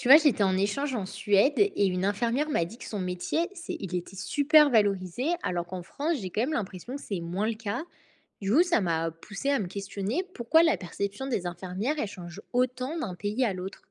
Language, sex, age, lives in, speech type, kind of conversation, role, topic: French, female, 20-24, France, read, expert-assistant, asker, Pourquoi le métier d’infirmière est-il perçu différemment selon les pays ?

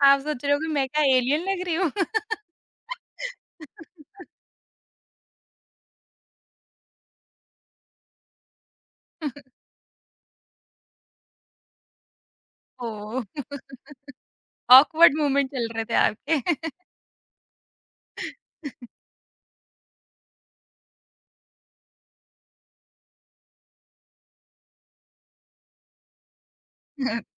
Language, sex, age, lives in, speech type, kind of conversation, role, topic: Hindi, female, 30-34, India, conversation, podcast, host, Kya tum aur tumhari family ya dost milkar koi hobby karte ho?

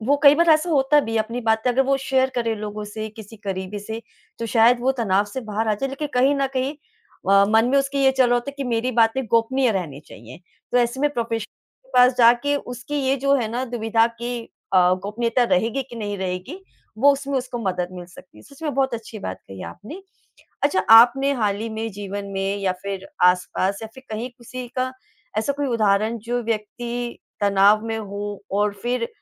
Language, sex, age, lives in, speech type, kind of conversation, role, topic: Hindi, female, 35-39, India, conversation, podcast, host, कब आपको लगता है कि पेशेवर मदद लेनी चाहिए?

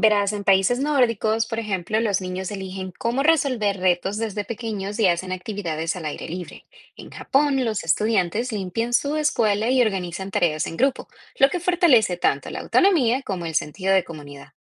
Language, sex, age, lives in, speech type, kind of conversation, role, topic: Spanish, female, 25-29, United States, read, expert-assistant, expert, ¿Por qué en algunas familias se espera que los niños sean más independientes que en otras?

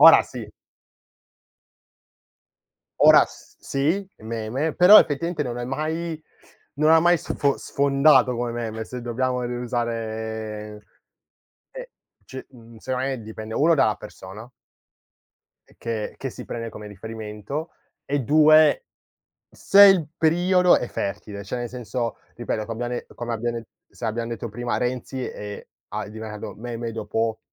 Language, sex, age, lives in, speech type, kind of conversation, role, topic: Italian, male, 20-24, Italy, conversation, podcast, guest, Come influenzano i meme la cultura pop, secondo la tua esperienza?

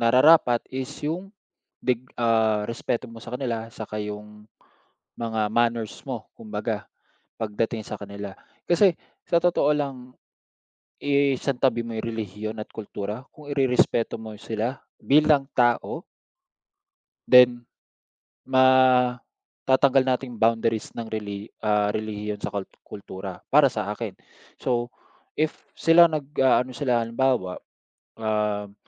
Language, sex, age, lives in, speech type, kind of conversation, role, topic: Filipino, male, 30-34, Philippines, conversation, unstructured, none, Paano mo ipinapakita ang respeto sa ibang relihiyon o kultura?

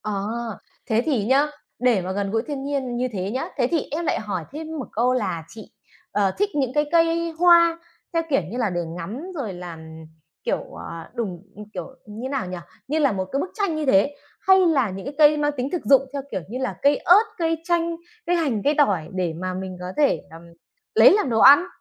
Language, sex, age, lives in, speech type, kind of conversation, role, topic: Vietnamese, female, 30-34, Vietnam, conversation, podcast, host, Bạn làm gì để giữ kết nối với thiên nhiên khi sống ở thành phố?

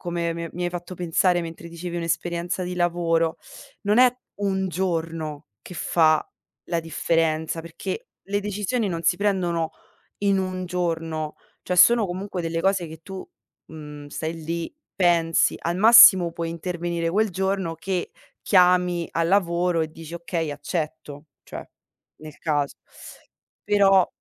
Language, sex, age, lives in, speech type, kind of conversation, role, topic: Italian, female, 25-29, Italy, conversation, unstructured, none, Cosa faresti se potessi rivivere un giorno della tua vita?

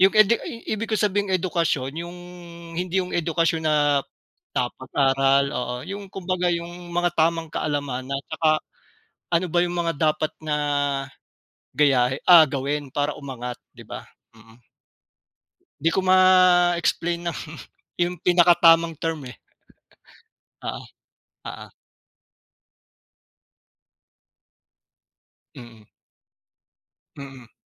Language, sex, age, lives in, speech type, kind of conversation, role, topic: Filipino, male, 40-44, Philippines, conversation, unstructured, none, Ano ang masasabi mo tungkol sa hindi patas na pagtrato sa mga mahihirap?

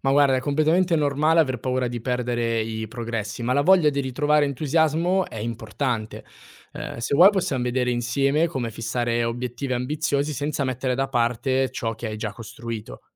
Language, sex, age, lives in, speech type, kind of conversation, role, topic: Italian, male, 18-19, Italy, read, expert-assistant, expert, Conviene puntare su piccoli cambiamenti quotidiani o su grandi sfide per migliorarsi nella vita?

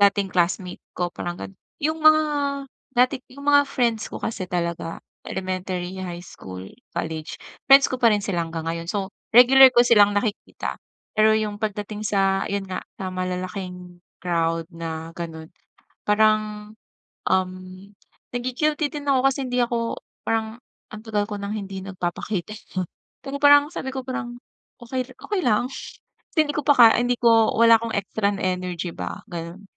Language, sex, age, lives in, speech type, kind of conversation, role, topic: Filipino, female, 40-44, Philippines, conversation, advice, user, Paano ko pamamahalaan ang enerhiya ko sa mga gawaing panlipunan?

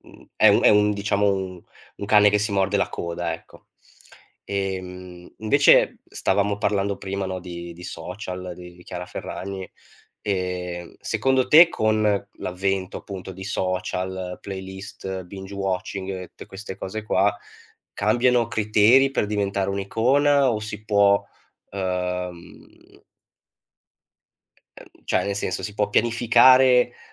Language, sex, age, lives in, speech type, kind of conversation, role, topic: Italian, male, 25-29, Italy, conversation, podcast, host, Che cosa rende un’icona duratura nel mondo dell’intrattenimento?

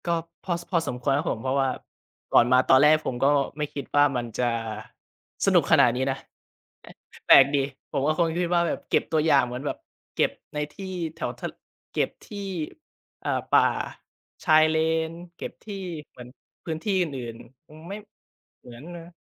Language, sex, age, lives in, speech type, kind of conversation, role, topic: Thai, male, 20-24, Thailand, conversation, podcast, guest, คุณช่วยเล่าเกี่ยวกับประสบการณ์เดินทางที่ประทับใจที่สุดของคุณให้ฟังหน่อยได้ไหม?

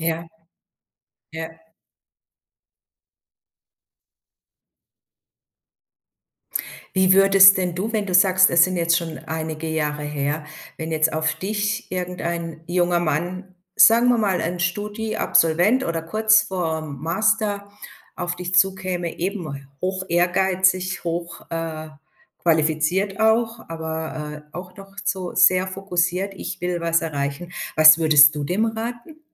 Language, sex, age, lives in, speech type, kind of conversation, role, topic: German, female, 55-59, Germany, conversation, podcast, host, Wann hat ein Gespräch dein Leben verändert?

